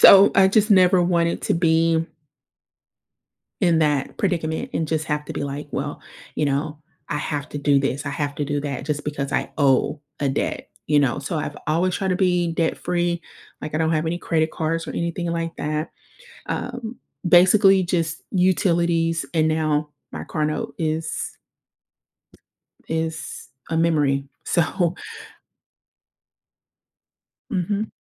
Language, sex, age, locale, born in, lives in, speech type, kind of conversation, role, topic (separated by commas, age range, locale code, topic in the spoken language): English, female, 30-34, en-US, United States, United States, conversation, advice, user, How can I create a realistic plan to reach my financial goal?